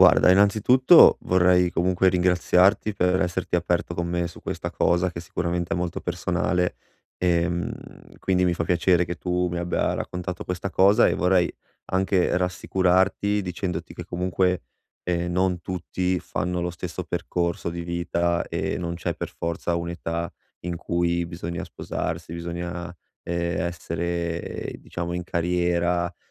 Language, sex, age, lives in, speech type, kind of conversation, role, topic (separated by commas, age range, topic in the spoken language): Italian, male, 25-29, Italy, conversation, advice, advisor, Come posso seguire il mio ritmo senza sentirmi sotto pressione per il matrimonio e la carriera?